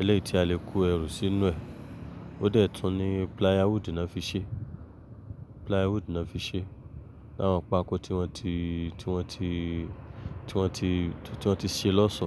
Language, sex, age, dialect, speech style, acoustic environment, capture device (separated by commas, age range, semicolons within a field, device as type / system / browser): Yoruba, male, 25-34, Nigeria - Lagos Yoruba, focused, traffic, mobile / Linux / Chrome